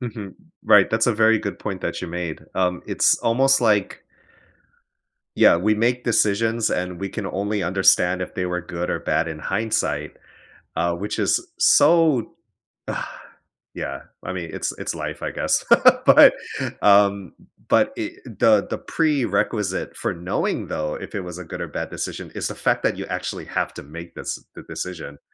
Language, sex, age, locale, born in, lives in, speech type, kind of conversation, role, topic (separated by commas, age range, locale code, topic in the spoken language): English, male, 35-39, en-US, United States, United States, conversation, advice, advisor, How do I decide between major life options when I'm unsure which aligns with my values?